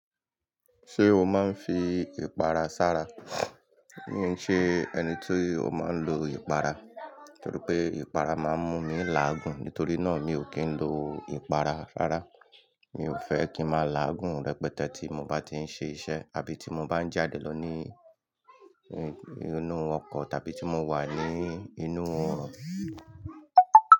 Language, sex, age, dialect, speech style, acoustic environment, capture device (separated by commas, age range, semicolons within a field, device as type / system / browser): Yoruba, male, 35-44, Nigeria - Lagos Yoruba, happy; excited, people talking; nature, mobile / Linux / Chrome